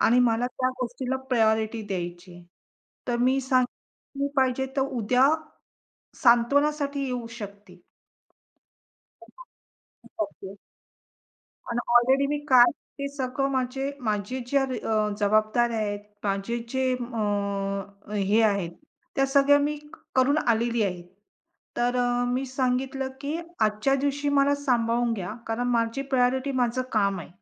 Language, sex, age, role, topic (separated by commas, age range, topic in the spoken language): Marathi, female, 45-49, guest, नकार शांतपणे आणि स्पष्टपणे कसा द्यावा?